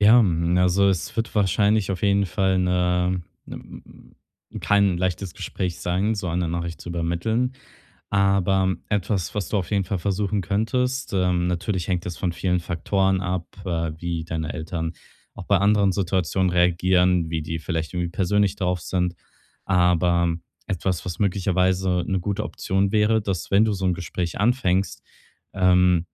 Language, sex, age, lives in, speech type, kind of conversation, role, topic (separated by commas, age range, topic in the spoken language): German, male, 25-29, Germany, conversation, advice, advisor, Wie gehe ich in neuen Situationen mit Scham und Stolz um?